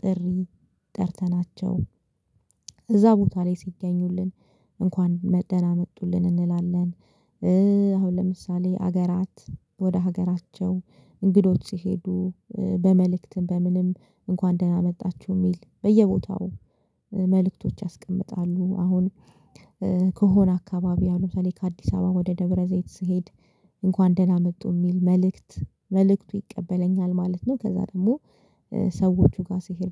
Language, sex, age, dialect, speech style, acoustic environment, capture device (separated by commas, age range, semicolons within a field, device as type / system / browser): Amharic, female, 25-34, Ethiopia - Addis Ababa, relaxed, silence, mobile / Linux / Chrome